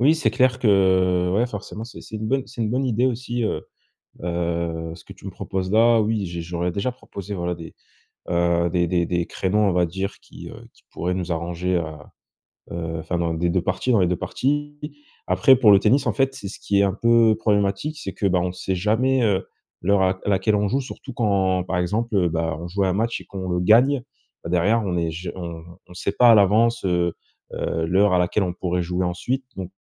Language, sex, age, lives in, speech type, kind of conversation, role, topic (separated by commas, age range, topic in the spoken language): French, male, 25-29, France, conversation, advice, user, Comment réduire les distractions lorsque je profite de mes loisirs ?